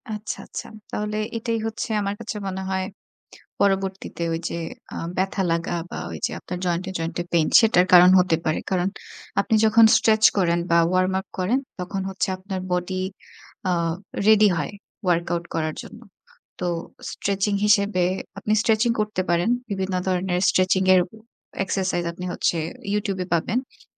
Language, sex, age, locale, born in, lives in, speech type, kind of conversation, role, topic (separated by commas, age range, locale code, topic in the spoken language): Bengali, female, 25-29, bn-BD, Bangladesh, Bangladesh, conversation, advice, advisor, ওয়ার্কআউটের সময় বা পরে ধারাবাহিক ব্যথা বা ছোট আঘাত নিয়ে আমার উদ্বেগ কীভাবে সামলাব?